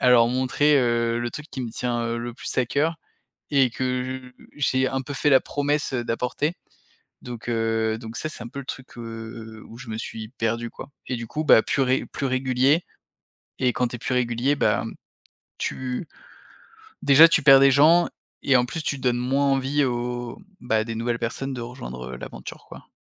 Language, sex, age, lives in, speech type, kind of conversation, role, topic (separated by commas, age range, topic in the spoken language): French, male, 30-34, France, conversation, podcast, guest, Comment trouver son premier public ?